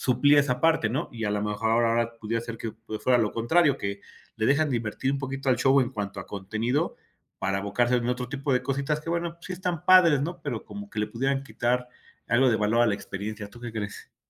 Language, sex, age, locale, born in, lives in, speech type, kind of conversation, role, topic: Spanish, male, 45-49, es-MX, Mexico, Mexico, conversation, podcast, host, ¿Qué es lo que más te gusta de ir a conciertos o festivales?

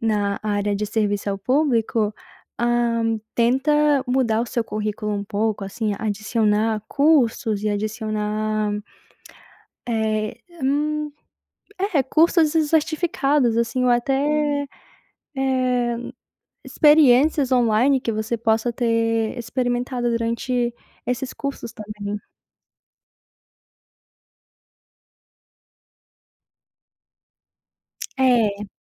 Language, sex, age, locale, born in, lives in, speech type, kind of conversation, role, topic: Portuguese, female, 20-24, pt-BR, Brazil, United States, conversation, advice, advisor, Por que você quer mudar de carreira para algo mais significativo?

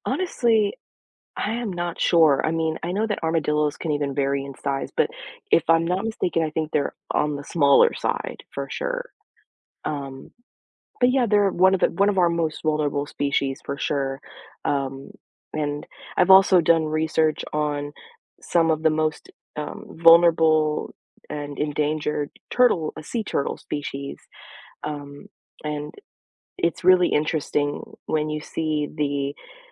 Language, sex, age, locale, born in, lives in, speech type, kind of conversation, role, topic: English, female, 25-29, en-US, United States, United States, conversation, podcast, guest, What helps you stay motivated and focused on your goals?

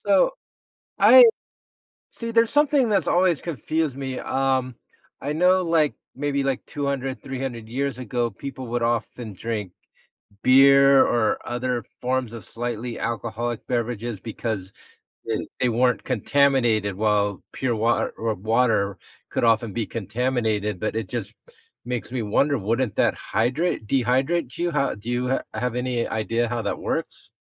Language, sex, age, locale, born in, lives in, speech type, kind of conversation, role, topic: English, male, 50-54, en-US, United States, United States, conversation, podcast, host, How can small daily changes lead to a healthier lifestyle for anyone?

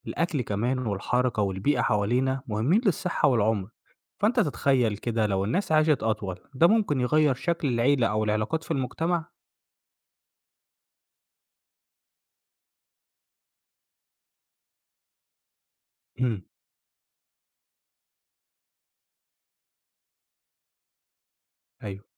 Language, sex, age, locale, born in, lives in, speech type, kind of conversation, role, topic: Arabic, male, 25-29, ar-EG, Egypt, Egypt, read, expert-assistant, expert, لو فجأة ظهر دواء جديد بيلغي كل الأمراض المزمنة زي السكر والضغط، إزاي ممكن ده يغيّر شكل الصحة العامة والمجتمع؟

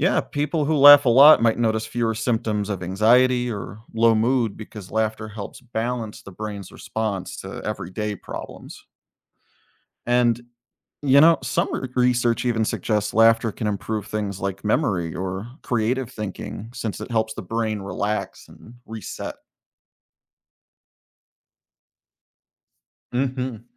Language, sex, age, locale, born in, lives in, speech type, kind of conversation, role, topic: English, male, 35-39, en-US, United States, United States, read, expert-assistant, expert, How does laughter improve our well-being?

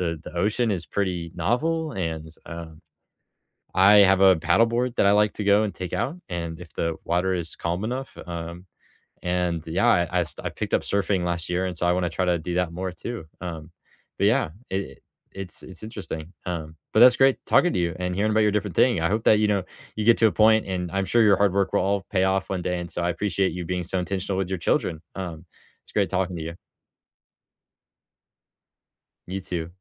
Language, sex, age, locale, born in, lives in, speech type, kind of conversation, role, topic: English, male, 18-19, en-US, United States, United States, conversation, unstructured, none, If you cleared your schedule of nonessential commitments, what would you make time for over the next year?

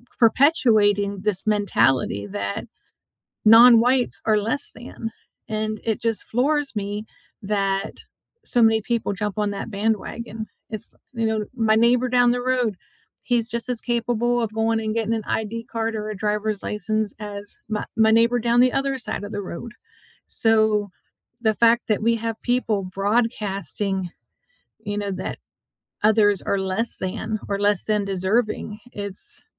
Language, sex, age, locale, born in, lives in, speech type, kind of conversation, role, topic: English, female, 50-54, en-US, United States, United States, conversation, unstructured, none, Why do some historical injustices continue to affect people today?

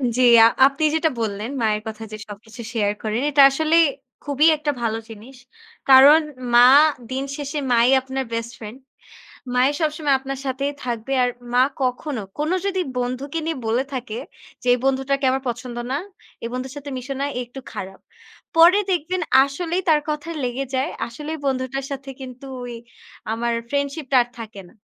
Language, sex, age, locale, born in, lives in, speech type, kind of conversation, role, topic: Bengali, female, 20-24, bn-BD, Bangladesh, Bangladesh, conversation, unstructured, none, ভালোবাসায় ছোট ছোট ভুলগুলো কীভাবে মিটিয়ে নেওয়া যায়?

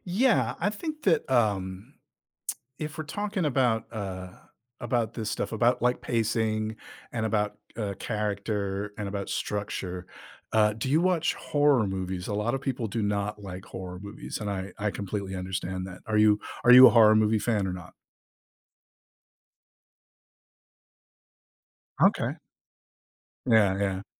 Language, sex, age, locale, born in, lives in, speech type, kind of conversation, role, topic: English, male, 50-54, en-US, United States, United States, conversation, podcast, guest, What makes a story compelling across different media?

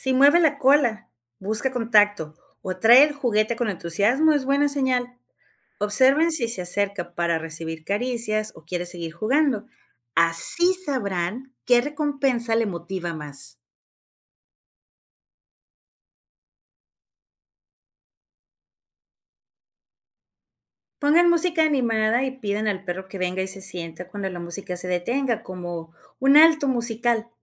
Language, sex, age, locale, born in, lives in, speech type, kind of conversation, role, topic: Spanish, female, 45-49, es-MX, Mexico, Mexico, read, expert-assistant, expert, ¿Cómo podríamos reinventar el entrenamiento de perros para hacerlo más divertido tanto para las mascotas como para sus dueños?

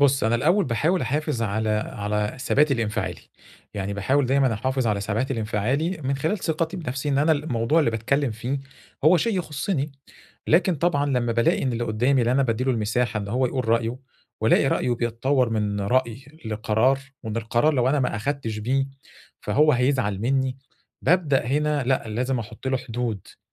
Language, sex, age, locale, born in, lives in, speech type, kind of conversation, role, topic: Arabic, male, 40-44, ar-EG, Egypt, Egypt, conversation, advice, user, إزاي أحافظ على ثقتي في نفسي لما أخاف من حكم الناس عليّا؟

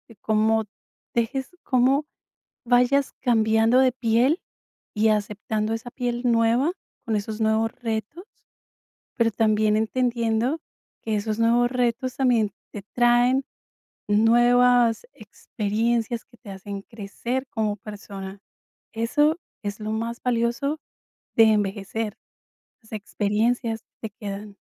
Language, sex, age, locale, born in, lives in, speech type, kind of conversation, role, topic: Spanish, female, 45-49, es-CO, Colombia, United States, conversation, advice, advisor, ¿Cómo puedo manejar mis emociones al envejecer y adaptarme a los cambios de rol?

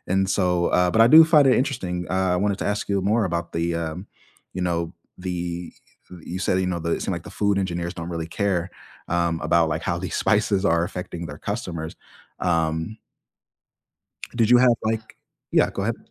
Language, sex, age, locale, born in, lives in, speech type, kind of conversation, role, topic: English, male, 25-29, en-US, United States, United States, conversation, unstructured, none, What do you love and dislike about coffee shop culture in your area, and which local spots are your favorites?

